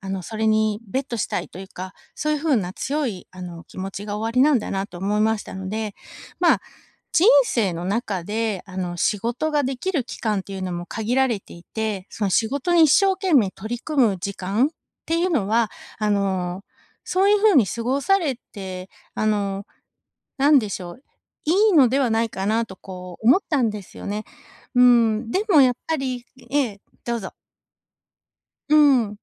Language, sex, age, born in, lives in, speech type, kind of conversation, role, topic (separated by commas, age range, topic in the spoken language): Japanese, female, 45-49, Japan, United States, conversation, advice, advisor, 趣味や娯楽の時間をどうやって確保すればいいですか？